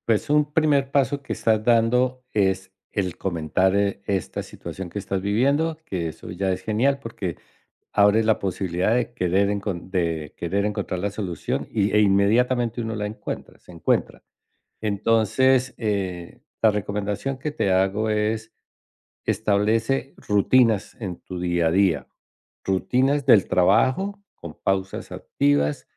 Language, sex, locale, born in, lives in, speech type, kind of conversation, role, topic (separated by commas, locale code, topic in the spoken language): Spanish, male, es-CO, Colombia, United States, conversation, advice, advisor, ¿Cómo describirías tu agotamiento físico y mental después de años de trabajo constante?